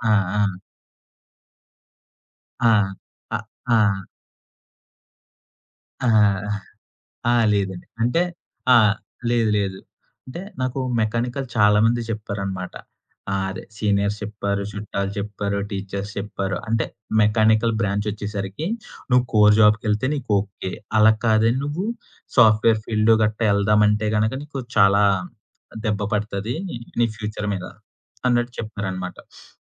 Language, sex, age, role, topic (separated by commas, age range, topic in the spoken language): Telugu, male, 25-29, guest, మీ కెరీర్‌లో మీ ప్రధాన ఆశయం ఏమిటి?